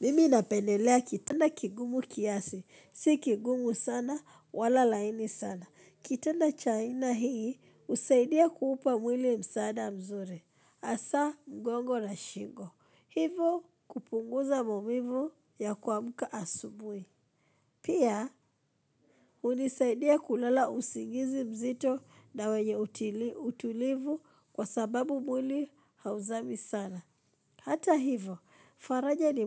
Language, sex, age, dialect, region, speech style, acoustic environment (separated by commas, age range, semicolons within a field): Swahili, female, 25-34, Kenya - Nairobi Sheng-influenced, Kenya, excited; focused; happy; relaxed, people talking